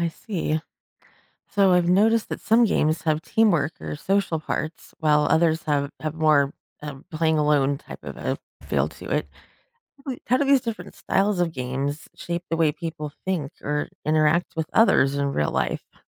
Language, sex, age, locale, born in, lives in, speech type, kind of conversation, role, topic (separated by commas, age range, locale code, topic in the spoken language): English, female, 40-44, en-US, United States, United States, read, expert-assistant, asker, How do video games influence the way we think?